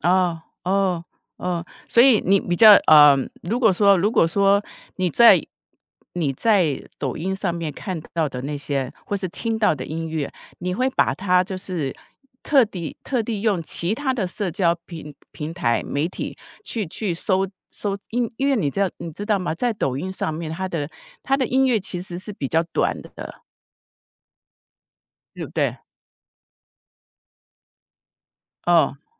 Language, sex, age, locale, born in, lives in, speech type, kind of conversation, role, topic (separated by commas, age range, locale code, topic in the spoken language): Chinese, female, 55-59, zh-TW, Taiwan, United States, conversation, podcast, host, 社交媒体是如何改变你发现音乐的方式的？